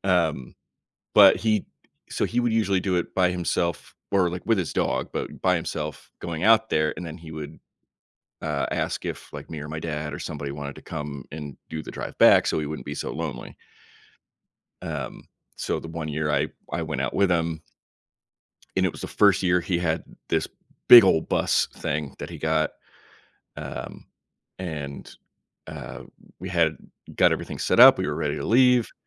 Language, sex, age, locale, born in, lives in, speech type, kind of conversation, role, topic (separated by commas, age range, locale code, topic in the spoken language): English, male, 35-39, en-US, United States, United States, conversation, podcast, guest, How do unexpected travel challenges shape your experiences and perspective?